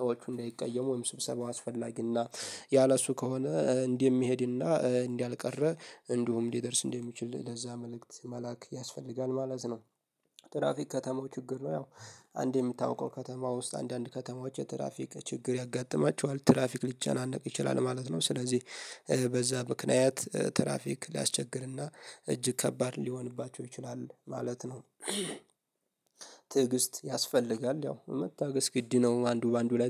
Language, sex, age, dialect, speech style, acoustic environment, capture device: Amharic, male, 18-24, Ethiopia - Addis Ababa, happy; focused, nature; silence, mobile / Linux / Chrome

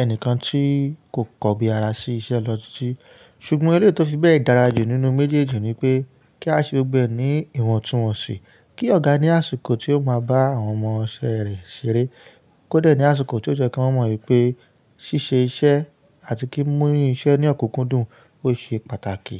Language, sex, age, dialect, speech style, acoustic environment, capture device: Yoruba, male, 25-34, Nigeria - Ibadan, stressed, nature; silence, mobile / Linux / Chrome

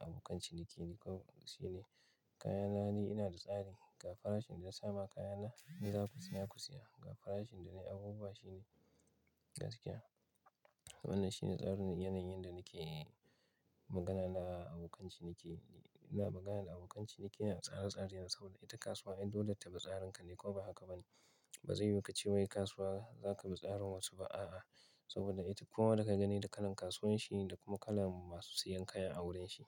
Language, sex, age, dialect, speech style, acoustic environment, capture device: Hausa, male, 25-34, Nigeria - Kano, focused; relaxed, silence, mobile / Linux / Chrome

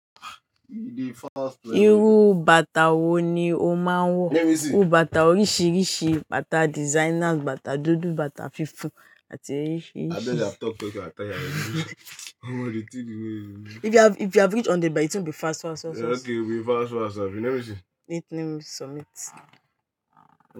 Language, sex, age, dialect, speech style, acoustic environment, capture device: Yoruba, female, 18-24, Nigeria - Lagos Yoruba, happy; stressed, nature, mobile / Linux / Chrome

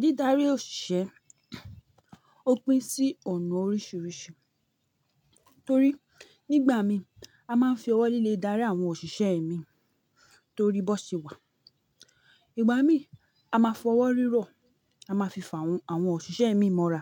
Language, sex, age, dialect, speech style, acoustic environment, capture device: Yoruba, female, 25-34, Nigeria - Ibadan, nervous, silence, mobile / Linux / Chrome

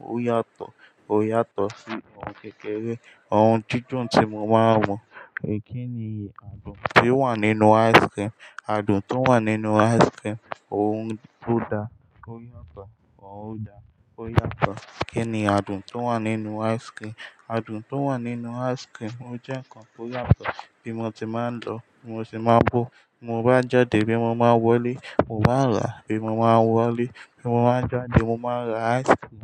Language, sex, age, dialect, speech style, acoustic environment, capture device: Yoruba, male, 18-24, Nigeria - Ijebu, happy, silence, mobile / Linux / Chrome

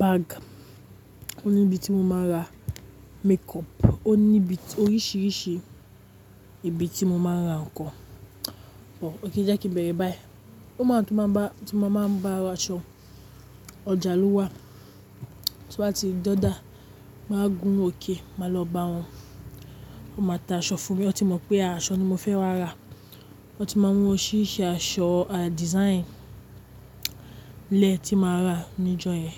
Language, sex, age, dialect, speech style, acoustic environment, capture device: Yoruba, female, 35-44, Nigeria - Lagos Yoruba, excited, nature, mobile / Linux / Chrome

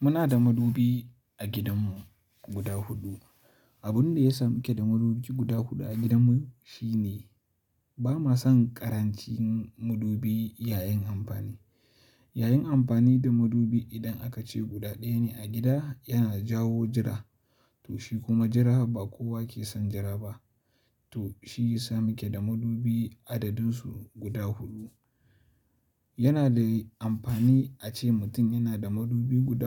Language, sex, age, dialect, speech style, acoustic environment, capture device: Hausa, male, 18-24, Nigeria - Kano, focused, silence, mobile / Linux / Chrome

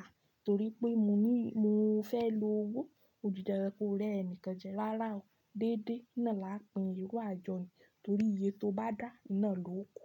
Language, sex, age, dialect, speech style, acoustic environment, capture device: Yoruba, female, 25-34, Nigeria - Ibadan, anxious, silence, desktop / Linux / Chrome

